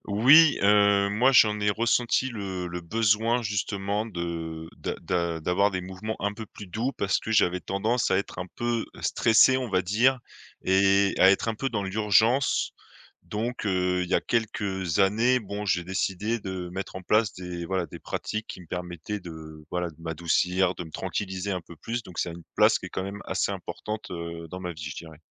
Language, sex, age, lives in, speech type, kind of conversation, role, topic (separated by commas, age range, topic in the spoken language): French, male, 30-34, France, conversation, podcast, guest, Quelle place accordes-tu au mouvement doux, comme le yoga ou la marche ?